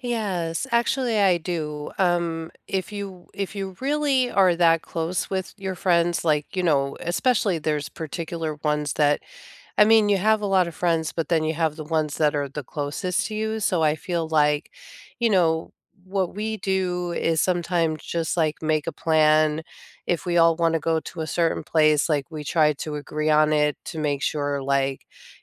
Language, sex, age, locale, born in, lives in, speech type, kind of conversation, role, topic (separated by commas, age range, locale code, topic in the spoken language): English, female, 45-49, en-US, United States, United States, conversation, unstructured, none, How do you maintain friendships and stay close across time and distance?